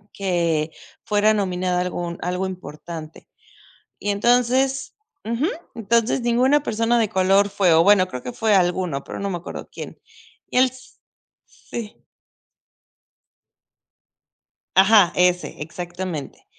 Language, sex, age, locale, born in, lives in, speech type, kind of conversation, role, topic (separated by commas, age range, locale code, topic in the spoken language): Spanish, female, 35-39, es-MX, Mexico, Mexico, conversation, unstructured, none, ¿Crees que los premios de cine y televisión siempre reconocen el talento real?